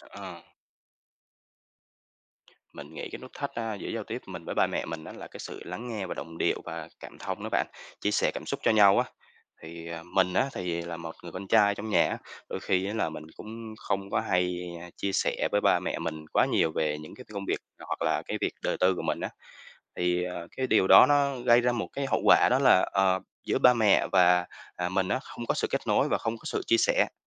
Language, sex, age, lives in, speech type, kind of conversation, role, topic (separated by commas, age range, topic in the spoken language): Vietnamese, male, 25-29, Vietnam, conversation, podcast, guest, Bạn có thể cho một ví dụ về sự khác biệt trong cách giao tiếp giữa cha mẹ và con cái không?